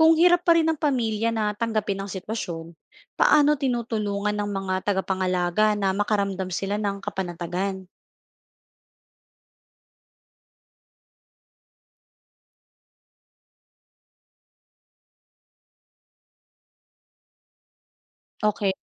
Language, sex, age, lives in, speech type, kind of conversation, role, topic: Filipino, female, 35-39, Philippines, read, expert-assistant, asker, Kung may kakayahan tayong pahabain ang buhay gamit ang makabagong medisina, hanggang saan dapat natin itong gawin? May hangganan ba ang responsibilidad ng doktor na iligtas ang bawat buhay?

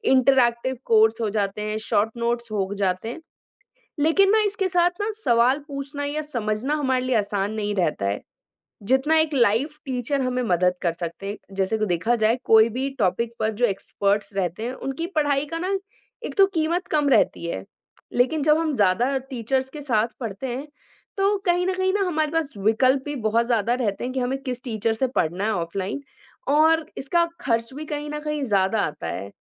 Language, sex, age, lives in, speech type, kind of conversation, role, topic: Hindi, male, 20-24, India, conversation, unstructured, none, शिक्षक से सीखने और खुद से पढ़ने में क्या अंतर होता है?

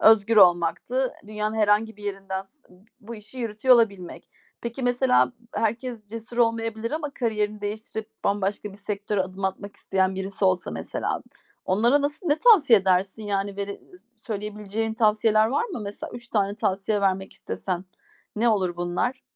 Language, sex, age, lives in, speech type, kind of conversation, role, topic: Turkish, female, 40-44, Germany, conversation, podcast, host, Kariyerini değiştirirken nelere dikkat ettin ve süreç nasıldı?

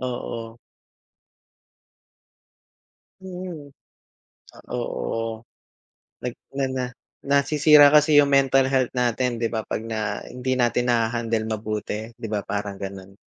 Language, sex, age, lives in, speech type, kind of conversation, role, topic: Filipino, male, 35-39, Philippines, conversation, unstructured, none, Normal lang bang makaramdam ng lungkot paminsan-minsan?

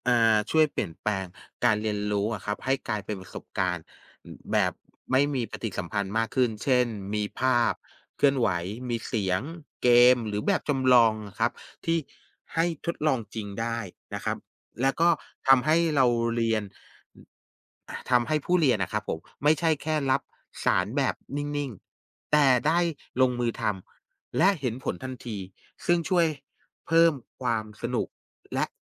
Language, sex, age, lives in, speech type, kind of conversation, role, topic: Thai, male, 45-49, Thailand, conversation, unstructured, none, เทคโนโลยีช่วยให้การเรียนรู้สนุกขึ้นได้อย่างไร?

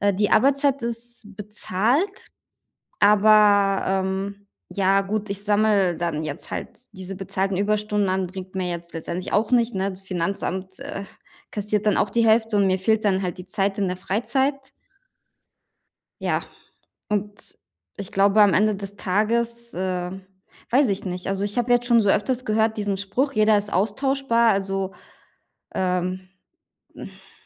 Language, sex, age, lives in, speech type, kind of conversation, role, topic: German, female, 35-39, Germany, conversation, advice, user, Wie kann ich bei der Arbeit klare Grenzen setzen?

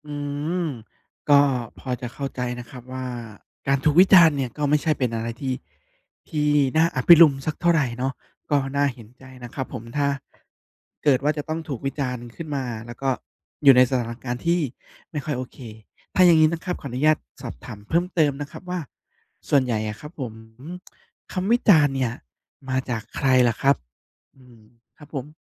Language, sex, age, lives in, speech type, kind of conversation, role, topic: Thai, male, 30-34, Thailand, conversation, advice, advisor, ฉันควบคุมอารมณ์อย่างไรเมื่อได้รับคำวิจารณ์ที่ทำให้โกรธหรือเสียใจ?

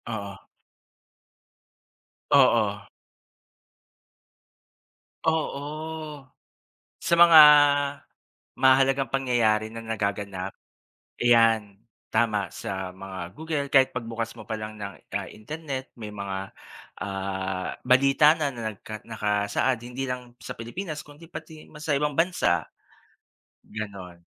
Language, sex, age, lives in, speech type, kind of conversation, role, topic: Filipino, male, 40-44, Philippines, conversation, unstructured, none, Ano ang palagay mo sa epekto ng midyang panlipunan sa mga balita?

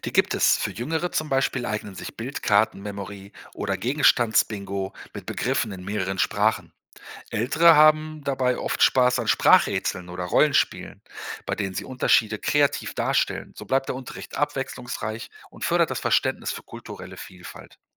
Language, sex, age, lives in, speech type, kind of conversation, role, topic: German, male, 50-54, Germany, read, expert-assistant, expert, Welche Methoden helfen dabei, Unterschiede und Gemeinsamkeiten zwischen Sprachen im Alltag zu entdecken?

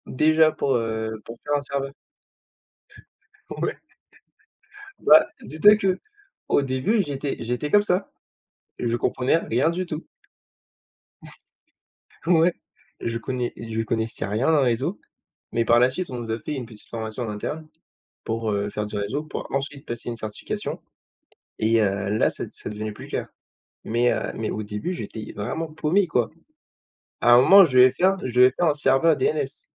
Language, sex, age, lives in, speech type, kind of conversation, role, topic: French, male, 20-24, France, conversation, unstructured, none, As-tu déjà été surpris par tes propres capacités d’apprentissage ?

